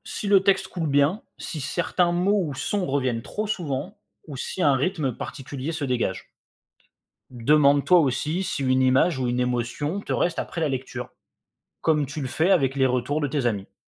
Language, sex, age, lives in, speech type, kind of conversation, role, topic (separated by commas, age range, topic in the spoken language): French, male, 25-29, France, read, expert-assistant, expert, Comment peut-on commencer à écrire de la poésie, même si on n’a jamais essayé ?